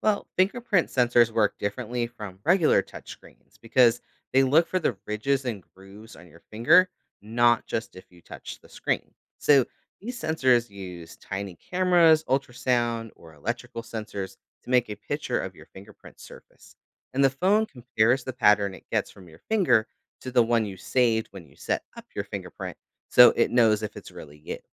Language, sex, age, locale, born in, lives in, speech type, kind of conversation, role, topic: English, male, 35-39, en-US, United States, United States, read, expert-assistant, expert, How do touchscreens detect your fingers?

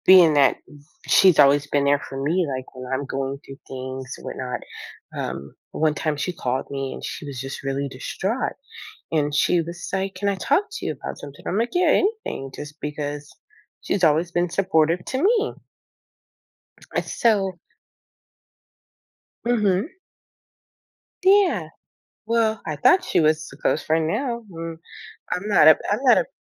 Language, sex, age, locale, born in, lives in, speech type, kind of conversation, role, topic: English, female, 35-39, en-US, United States, United States, conversation, advice, user, How can I confront someone I trusted after discovering they lied to me?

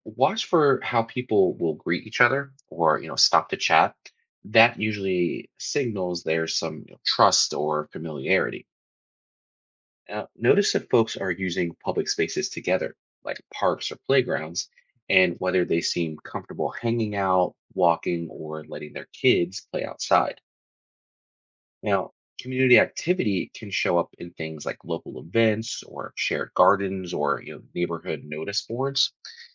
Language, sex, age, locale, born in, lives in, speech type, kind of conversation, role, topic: English, male, 30-34, en-US, United States, United States, read, expert-assistant, expert, How can social patterns in neighborhoods be observed?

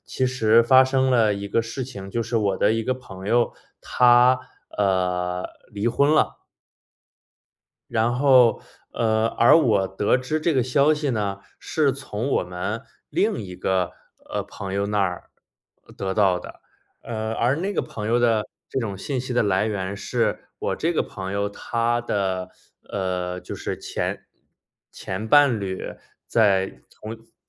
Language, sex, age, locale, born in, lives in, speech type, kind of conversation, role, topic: Chinese, male, 30-34, zh-CN, China, United States, conversation, advice, user, 怎么重新建立并维持与朋友的社交联系？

